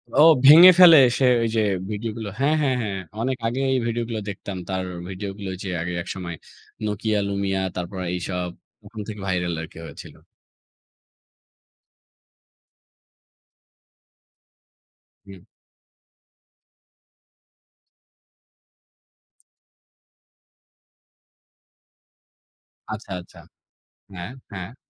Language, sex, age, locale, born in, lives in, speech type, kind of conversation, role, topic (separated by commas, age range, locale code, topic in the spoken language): Bengali, male, 25-29, bn-BD, Bangladesh, Bangladesh, conversation, unstructured, none, আপনার সামাজিক যোগাযোগমাধ্যম ব্যবহারের অভ্যাস কেমন?